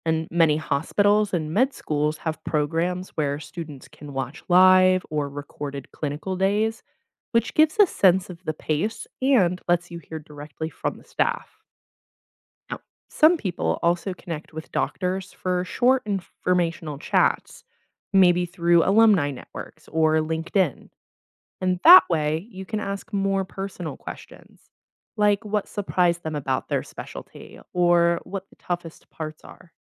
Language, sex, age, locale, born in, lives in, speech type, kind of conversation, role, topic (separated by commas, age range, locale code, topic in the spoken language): English, female, 25-29, en-US, United States, United States, read, expert-assistant, expert, What is the best way to find reliable information about different medical specialties when considering a career in healthcare?